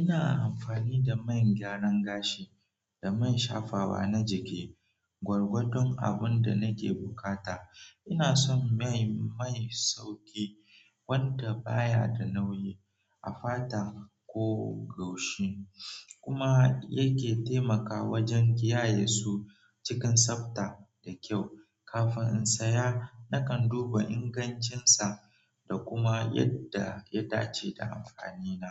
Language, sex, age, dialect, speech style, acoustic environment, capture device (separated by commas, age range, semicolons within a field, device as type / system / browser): Hausa, male, 18-24, Nigeria - Nigerian Standard, relaxed; focused, nature; silence, mobile / Android / Chrome